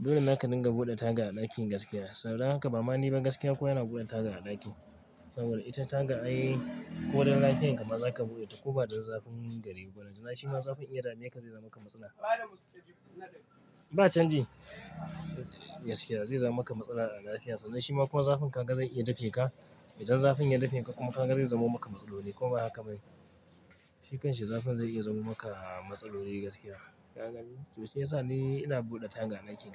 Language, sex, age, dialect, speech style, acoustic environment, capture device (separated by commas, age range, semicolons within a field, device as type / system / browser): Hausa, male, 25-34, Nigeria - Kano, focused, traffic, mobile / Linux / Chrome